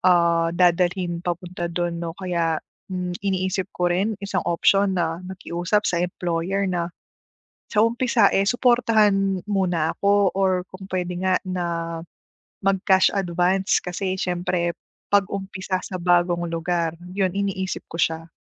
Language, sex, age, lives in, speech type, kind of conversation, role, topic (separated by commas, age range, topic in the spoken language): Filipino, female, 30-34, Philippines, conversation, advice, user, Paano ako makakapaglaan ng badyet para sa mga gastusin sa paglipat?